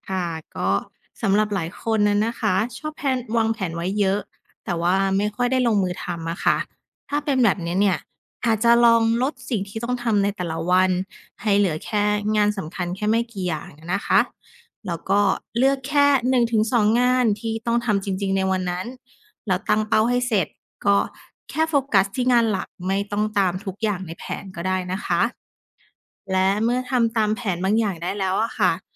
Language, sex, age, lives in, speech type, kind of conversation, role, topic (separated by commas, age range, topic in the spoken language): Thai, female, 30-34, Thailand, read, expert-assistant, expert, แนวทางการวางแผนเวลาอย่างมีประสิทธิภาพ